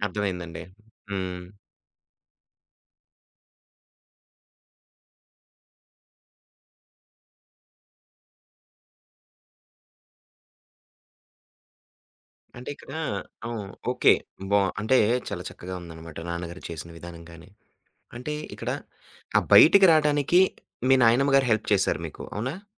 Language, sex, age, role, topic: Telugu, male, 25-29, host, ఒక వ్యక్తిగత లోటు మీ జీవితాన్ని ఏ విధంగా సానుకూలంగా మార్చిందో వివరించగలరా?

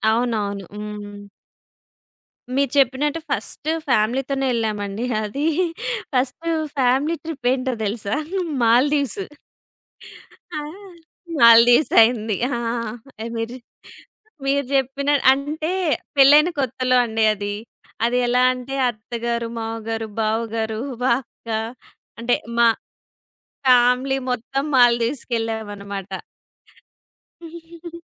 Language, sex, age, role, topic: Telugu, female, 30-34, guest, ప్రయాణానికి ప్యాకింగ్ చేసేటప్పుడు మీరు పాటించే చిట్కాలు ఏవి?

